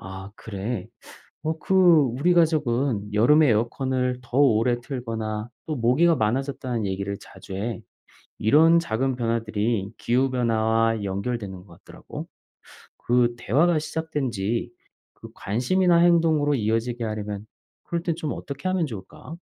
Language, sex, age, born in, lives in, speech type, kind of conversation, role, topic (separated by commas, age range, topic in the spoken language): Korean, male, 40-44, South Korea, Germany, read, expert-assistant, asker, 기후 변화에 대해 가족이나 친구와 의견이 다를 때 어떻게 대화하면 좋을까요?